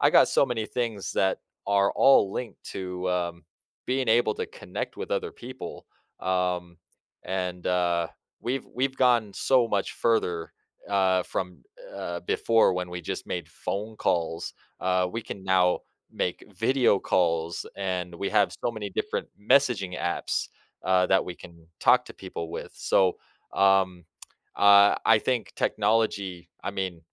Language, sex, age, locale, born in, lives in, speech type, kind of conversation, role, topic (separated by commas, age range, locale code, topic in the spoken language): English, male, 30-34, en-US, United States, United States, conversation, podcast, guest, In what ways has technology changed how we form and maintain relationships?